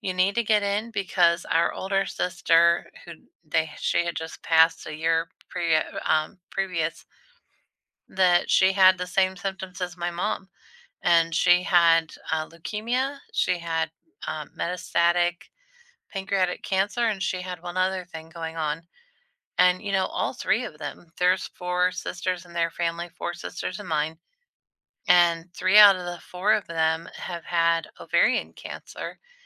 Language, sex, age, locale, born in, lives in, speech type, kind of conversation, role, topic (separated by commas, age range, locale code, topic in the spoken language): English, female, 40-44, en-US, United States, United States, conversation, unstructured, none, What place feels like home to you, and why?